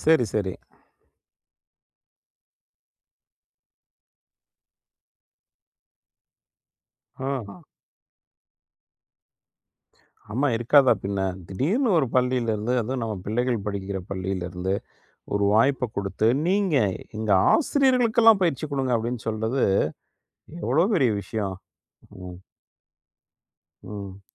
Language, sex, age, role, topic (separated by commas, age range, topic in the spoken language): Tamil, male, 40-44, host, உங்களை மாற்றிய மனிதரை நீங்கள் எப்படிச் சந்தித்தீர்கள்?